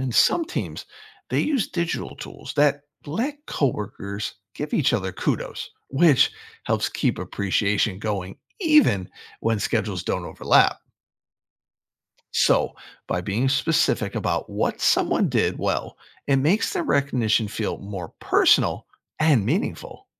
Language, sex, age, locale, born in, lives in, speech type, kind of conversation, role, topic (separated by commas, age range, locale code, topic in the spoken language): English, male, 50-54, en-US, United States, United States, read, expert-assistant, expert, How should management adapt for remote and hybrid work?